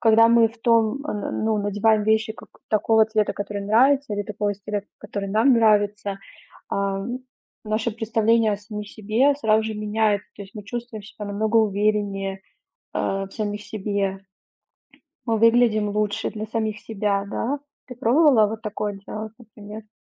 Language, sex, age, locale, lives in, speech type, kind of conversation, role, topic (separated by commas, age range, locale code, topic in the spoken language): Russian, female, 30-34, ru-RU, Germany, conversation, advice, advisor, Как проявляется ваша неуверенность в своей внешности или в отношении своего тела?